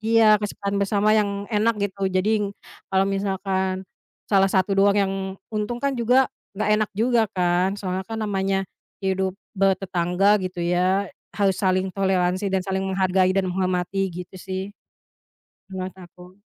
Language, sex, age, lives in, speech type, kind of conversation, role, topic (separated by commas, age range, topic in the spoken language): Indonesian, female, 30-34, Indonesia, conversation, unstructured, none, Apakah kamu setuju dengan larangan membiarkan hewan peliharaan berkeliaran bebas?